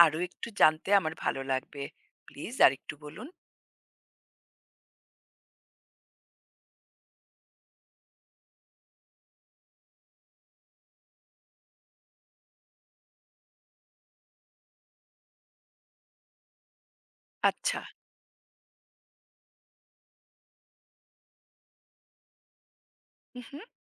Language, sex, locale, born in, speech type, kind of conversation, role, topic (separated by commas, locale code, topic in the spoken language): Bengali, female, bn-IN, India, read, expert-assistant, asker, সড়ক নিরাপত্তা শিক্ষার প্রয়োজনীয়তা